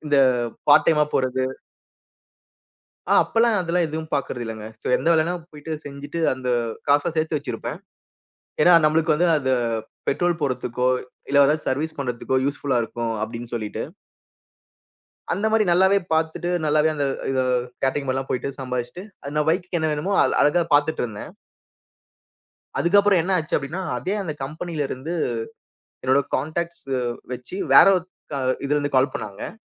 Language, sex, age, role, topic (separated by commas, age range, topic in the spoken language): Tamil, male, 30-34, guest, பழைய நினைவுப் பொருட்களை நீங்கள் வைத்துக்கொள்வீர்களா, அல்லது விட்டுவிடுவீர்களா?